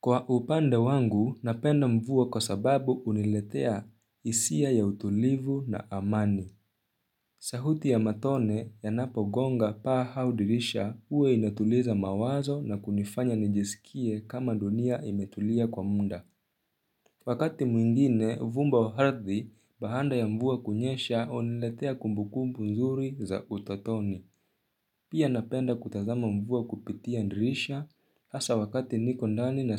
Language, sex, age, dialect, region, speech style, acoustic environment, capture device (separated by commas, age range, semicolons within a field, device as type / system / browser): Swahili, male, 18-24, Kenya - Nairobi Sheng-influenced, Kenya, relaxed, silence, mobile / Linux / Chrome